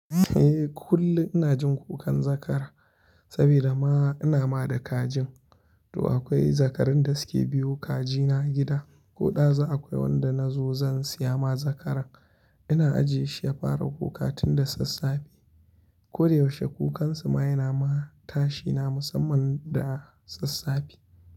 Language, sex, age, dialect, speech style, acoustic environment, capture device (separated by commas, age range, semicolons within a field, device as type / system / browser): Hausa, male, 25-34, Nigeria - Kano, happy; anxious; tired; relaxed; focused; excited; nervous; stressed, people talking; music; nature; silence; traffic; construction; appliances, mobile / Linux / Chrome